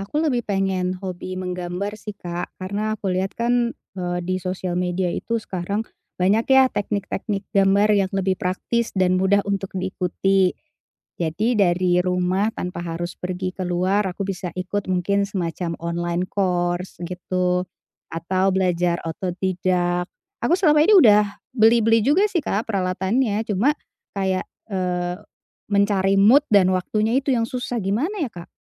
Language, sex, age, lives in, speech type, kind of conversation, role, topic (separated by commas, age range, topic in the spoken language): Indonesian, female, 40-44, Indonesia, conversation, advice, user, Bagaimana cara menyeimbangkan waktu antara pekerjaan dan hobi?